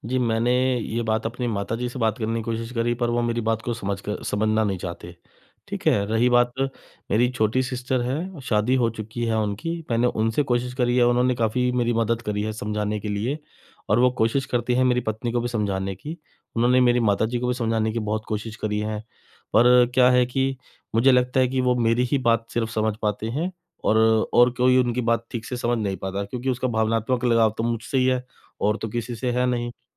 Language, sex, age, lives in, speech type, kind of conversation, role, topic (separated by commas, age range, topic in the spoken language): Hindi, male, 35-39, India, conversation, advice, user, शर्मनाक पल के बाद मैं अपना आत्म-सम्मान और खुद पर भरोसा फिर से कैसे जगा सकता/सकती हूँ?